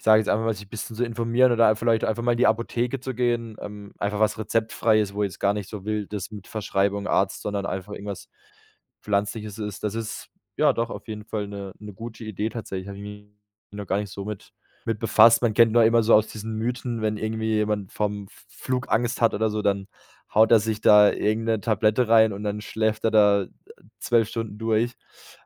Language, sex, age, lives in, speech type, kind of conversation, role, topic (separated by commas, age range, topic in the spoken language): German, male, 25-29, Germany, conversation, advice, user, Welche grübelnden Gedanken halten dich nachts wach, sodass du nicht einschlafen oder loslassen kannst?